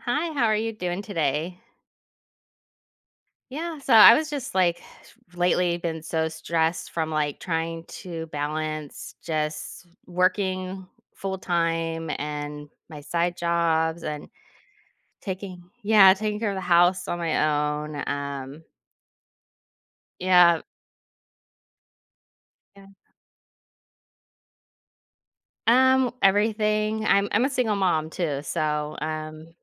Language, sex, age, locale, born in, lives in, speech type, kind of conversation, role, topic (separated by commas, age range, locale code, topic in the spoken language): English, female, 40-44, en-US, United States, United States, conversation, advice, user, How can I better balance work and home life to reduce stress?